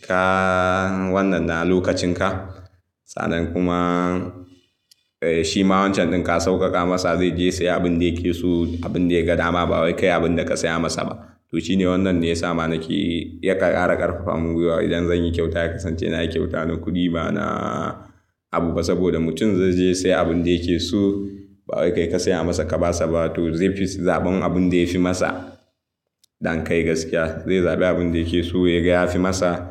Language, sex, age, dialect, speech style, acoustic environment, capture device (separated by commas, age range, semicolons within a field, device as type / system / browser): Hausa, male, 18-24, Nigeria - Kano, excited; anxious, nature, mobile / Linux / Chrome